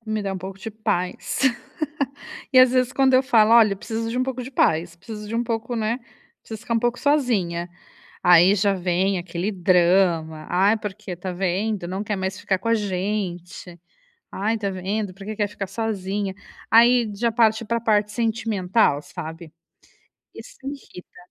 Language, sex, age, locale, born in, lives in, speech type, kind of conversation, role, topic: Portuguese, female, 35-39, pt-BR, Brazil, Italy, conversation, advice, user, Como posso relaxar em casa sem ser interrompido?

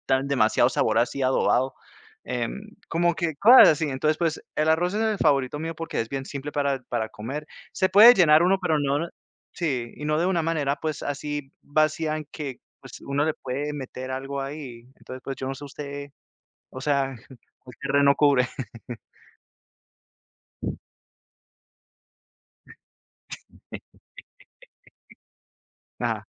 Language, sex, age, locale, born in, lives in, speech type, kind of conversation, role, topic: Spanish, male, 30-34, es-CO, United States, United States, conversation, unstructured, none, ¿Cuál es tu comida favorita y por qué?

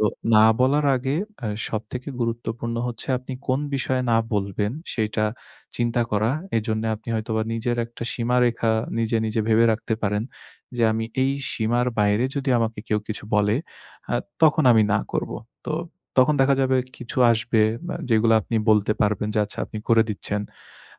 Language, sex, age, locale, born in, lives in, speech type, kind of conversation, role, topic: Bengali, male, 25-29, bn-BD, Bangladesh, Bangladesh, conversation, advice, advisor, দল বা গোষ্ঠীর মধ্যে থেকেও কি আমি আমার ব্যক্তিগত মূল্যবোধ বজায় রাখতে পারব, নাকি তা ত্যাগ করা উচিত?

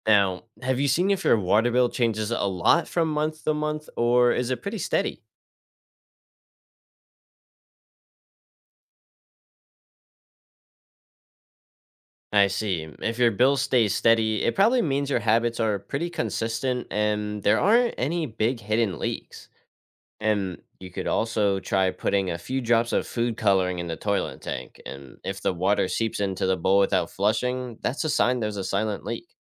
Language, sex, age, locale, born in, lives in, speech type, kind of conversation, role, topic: English, male, 18-19, en-US, United States, United States, read, expert-assistant, expert, Why do we need to conserve water, and how can we do it?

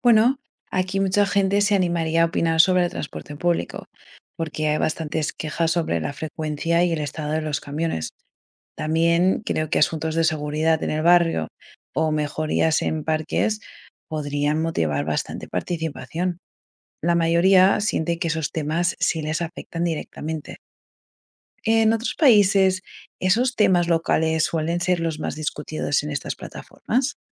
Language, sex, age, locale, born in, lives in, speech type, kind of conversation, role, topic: Spanish, female, 25-29, es-ES, Spain, United States, read, expert-assistant, asker, ¿Cómo puede la participación ciudadana digital y presencial mejorar las decisiones públicas?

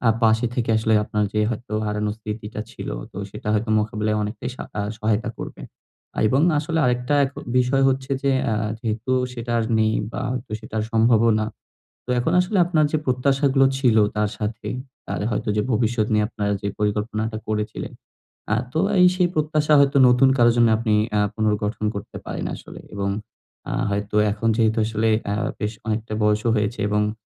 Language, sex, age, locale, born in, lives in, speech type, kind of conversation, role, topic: Bengali, male, 20-24, bn-BD, Bangladesh, Bangladesh, conversation, advice, advisor, হারিয়ে যাওয়া প্রত্যাশাকে মেনে নিয়ে আমি কীভাবে শান্তি পেতে পারি?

